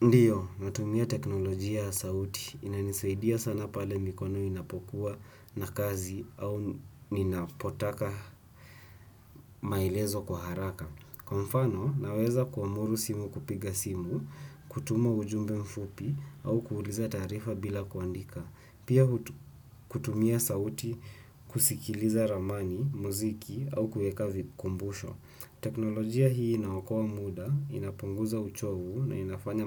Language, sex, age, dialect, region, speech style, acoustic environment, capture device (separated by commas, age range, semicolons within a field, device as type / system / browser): Swahili, male, 18-24, Kenya - Nairobi Sheng-influenced, Kenya, anxious; tired, silence, desktop / Windows / Chrome